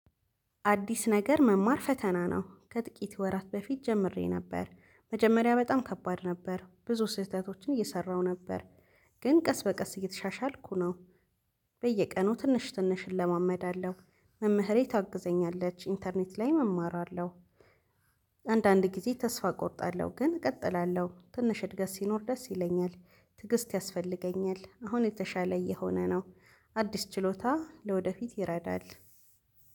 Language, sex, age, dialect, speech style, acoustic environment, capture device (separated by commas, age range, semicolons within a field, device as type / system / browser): Amharic, female, 25-34, Ethiopia - Addis Ababa, relaxed, nature, mobile / Linux / Chrome